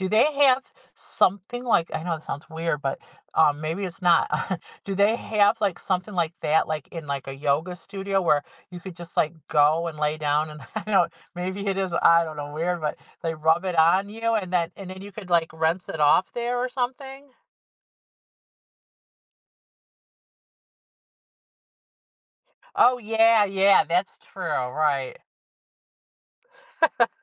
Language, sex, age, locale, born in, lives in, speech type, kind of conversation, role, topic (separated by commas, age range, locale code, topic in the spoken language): English, female, 55-59, en-US, United States, United States, conversation, podcast, host, How can adopting a new habit lead to meaningful changes in your life?